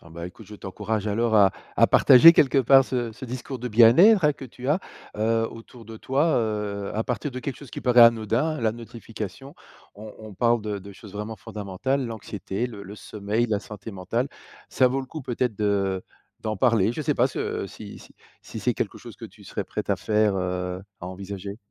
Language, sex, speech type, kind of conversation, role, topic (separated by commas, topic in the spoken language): French, male, conversation, podcast, host, Comment gères-tu les notifications envahissantes ?